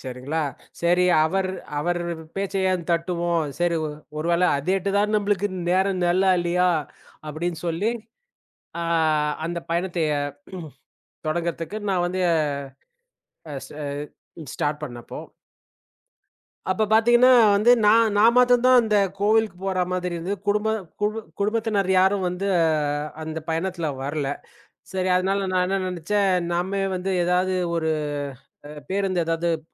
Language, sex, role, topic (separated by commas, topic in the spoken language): Tamil, male, guest, பயணத்தில் வழி தவறிய அனுபவத்திலிருந்து உங்களுக்கு பயனுள்ள பாடம் ஏதாவது கிடைத்ததா?